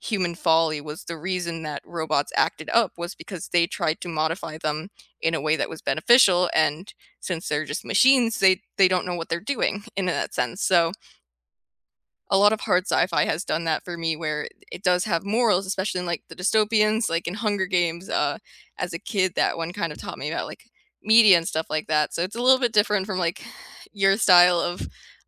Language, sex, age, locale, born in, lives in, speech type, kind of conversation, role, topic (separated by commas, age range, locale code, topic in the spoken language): English, female, 25-29, en-US, United States, United States, conversation, unstructured, none, Which books or life lessons have reshaped your perspective, and what made them stick with you?